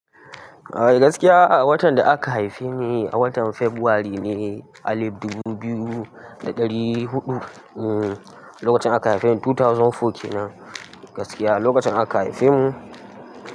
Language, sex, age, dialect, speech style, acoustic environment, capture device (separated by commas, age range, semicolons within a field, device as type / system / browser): Hausa, male, 18-24, Nigeria - Kano, happy, construction, mobile / Linux / Chrome